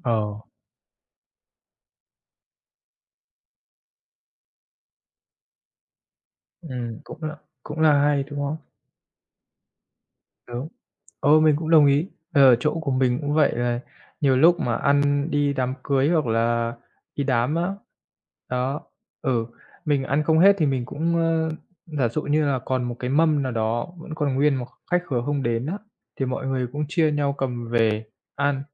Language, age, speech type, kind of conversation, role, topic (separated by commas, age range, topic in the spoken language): Vietnamese, 30-34, conversation, unstructured, none, Bạn có cho rằng lãng phí thức ăn là một vấn đề nghiêm trọng không?